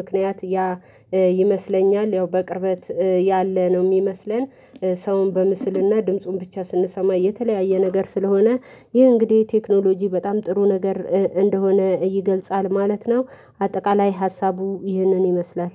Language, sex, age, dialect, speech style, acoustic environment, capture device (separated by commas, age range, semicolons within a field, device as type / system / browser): Amharic, female, 25-34, Ethiopia - Addis Ababa, happy, silence, mobile / Linux / Chrome